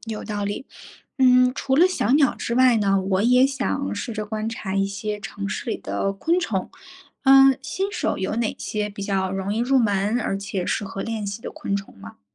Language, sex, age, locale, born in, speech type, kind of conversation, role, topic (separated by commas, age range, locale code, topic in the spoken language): Chinese, female, 30-34, zh-CN, China, read, expert-assistant, asker, 想更好地发现身边的动植物，有哪些有趣又实用的小技巧可以尝试？